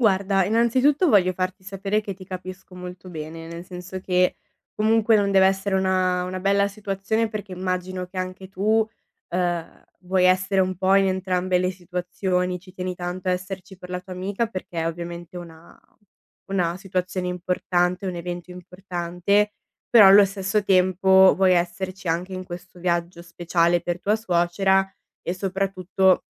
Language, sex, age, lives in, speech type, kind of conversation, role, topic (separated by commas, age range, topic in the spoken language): Italian, female, 20-24, Italy, conversation, advice, advisor, Come posso dire di no a un amico che mi fa una richiesta importante?